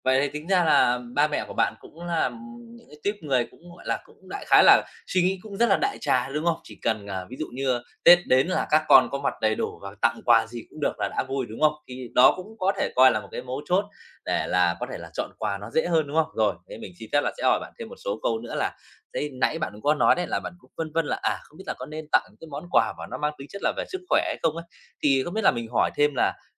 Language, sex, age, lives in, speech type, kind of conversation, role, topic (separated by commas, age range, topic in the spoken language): Vietnamese, male, 30-34, Vietnam, conversation, advice, advisor, Mình nên chọn quà gì cho người thân trong dịp đặc biệt này?